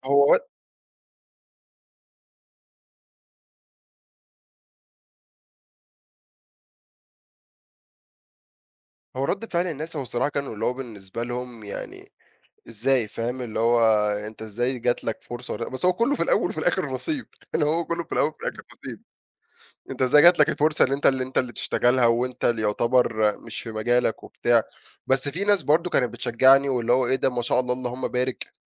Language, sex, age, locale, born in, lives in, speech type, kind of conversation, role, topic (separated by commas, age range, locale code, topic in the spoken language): Arabic, male, 20-24, ar-EG, Egypt, Egypt, conversation, podcast, guest, هل في لحظة غيّرت مسارك المهني؟